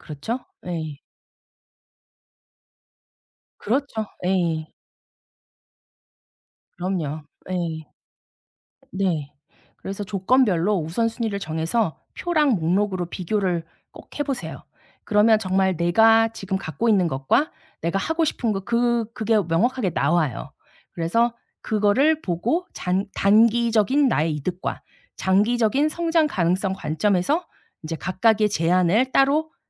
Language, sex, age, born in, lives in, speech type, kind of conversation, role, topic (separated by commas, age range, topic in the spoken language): Korean, female, 45-49, South Korea, South Korea, conversation, advice, advisor, 새로운 직장 제안들 사이에서 조건을 비교해 협상까지 고려할 때 어떻게 선택하면 좋을까요?